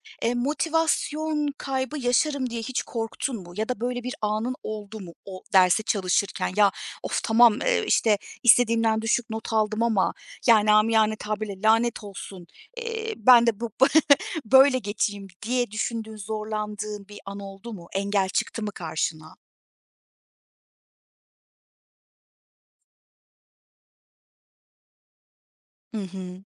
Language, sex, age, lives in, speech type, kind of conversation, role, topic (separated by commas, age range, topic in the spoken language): Turkish, female, 35-39, Germany, conversation, podcast, host, Kendini yeniden eğitmeye başlamak için ilk adımın ne olurdu?